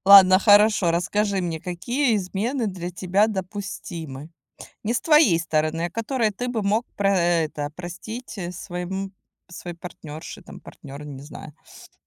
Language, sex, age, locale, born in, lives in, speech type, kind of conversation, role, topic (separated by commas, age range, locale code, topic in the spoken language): Russian, female, 40-44, ru-RU, Ukraine, Spain, conversation, unstructured, none, Почему люди изменяют, даже если любят?